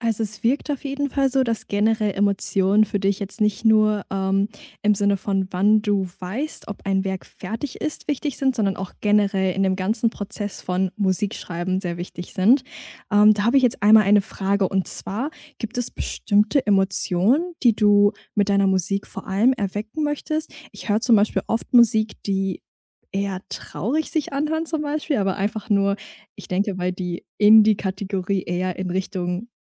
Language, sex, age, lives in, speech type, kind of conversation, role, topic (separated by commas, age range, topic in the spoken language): German, female, 20-24, Germany, conversation, podcast, host, Woran merkst du, dass ein Werk fertig ist?